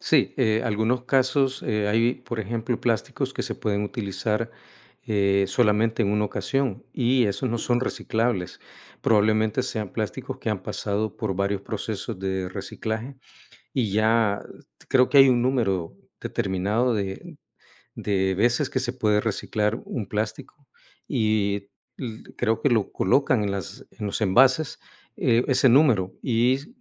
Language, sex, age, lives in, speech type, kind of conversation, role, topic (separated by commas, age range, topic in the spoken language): Spanish, male, 55-59, United States, conversation, unstructured, none, ¿Qué opinas sobre el uso excesivo de plásticos en nuestra vida diaria?